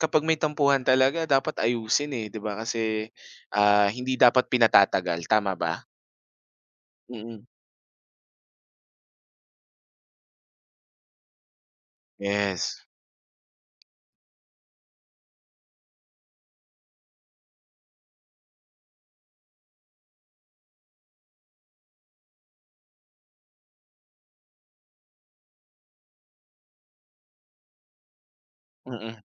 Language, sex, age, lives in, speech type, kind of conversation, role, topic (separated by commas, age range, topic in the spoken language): Filipino, male, 25-29, Philippines, conversation, podcast, host, Paano nakakaapekto ang distansya sa mga pag-uusap ninyo?